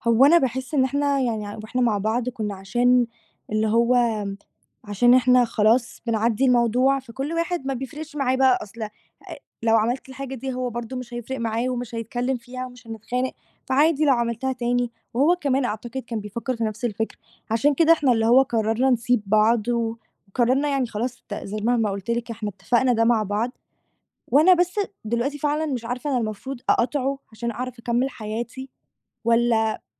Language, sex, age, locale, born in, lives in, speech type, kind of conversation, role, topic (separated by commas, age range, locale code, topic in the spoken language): Arabic, female, 18-19, ar-EG, Egypt, Greece, conversation, advice, user, ازاي أقرر أقطع التواصل مع شريكي/شريكتي السابق/ة؟